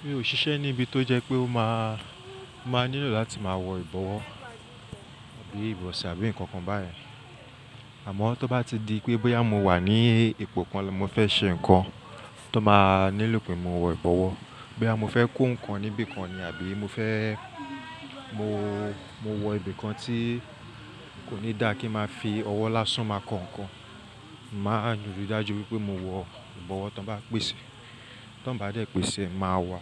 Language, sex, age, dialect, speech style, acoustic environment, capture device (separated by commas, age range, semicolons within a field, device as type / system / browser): Yoruba, male, 25-34, Nigeria - Lagos Yoruba, relaxed, silence; people talking; nature, mobile / Linux / Chrome